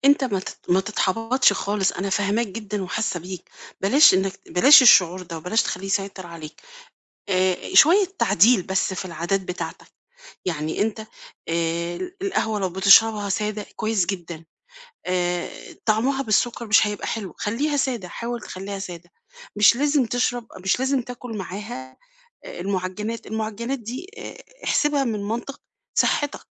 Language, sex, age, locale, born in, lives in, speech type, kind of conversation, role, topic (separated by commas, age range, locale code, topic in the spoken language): Arabic, female, 50-54, ar-EG, Egypt, Portugal, conversation, advice, advisor, إزاي أتعامل مع تشخيص صحي جديد وأنا مُضطر أغيّر نمط حياتي وعاداتي اليومية؟